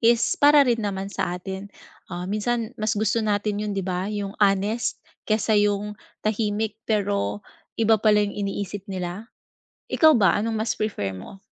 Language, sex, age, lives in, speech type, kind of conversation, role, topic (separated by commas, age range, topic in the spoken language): Filipino, female, 40-44, Philippines, conversation, advice, advisor, Paano ko malalaman kung opinyon lamang ito o katotohanan?